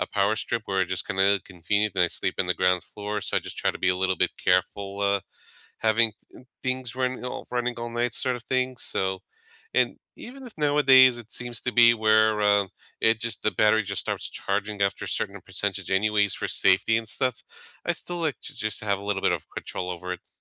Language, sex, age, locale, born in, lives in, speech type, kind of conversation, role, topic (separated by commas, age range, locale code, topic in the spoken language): English, male, 35-39, en-US, United States, United States, conversation, unstructured, none, What charging habits have you adopted, and which battery myths do you still hear?